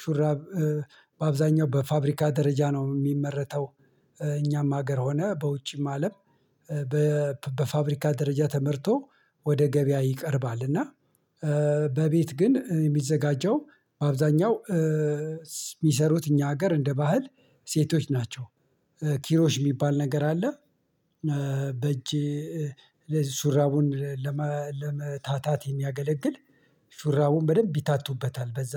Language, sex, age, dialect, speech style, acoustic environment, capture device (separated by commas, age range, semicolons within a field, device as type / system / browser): Amharic, male, 45-54, Ethiopia - Addis Ababa, anxious; stressed, silence, mobile / Linux / Chrome